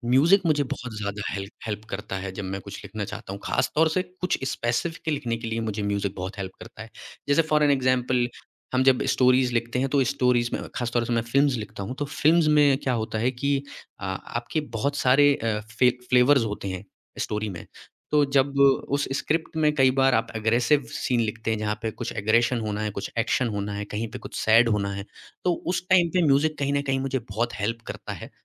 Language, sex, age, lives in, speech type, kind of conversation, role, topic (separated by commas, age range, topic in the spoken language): Hindi, male, 25-29, India, conversation, podcast, guest, Writer's block aaye to tum kaise handle karte ho?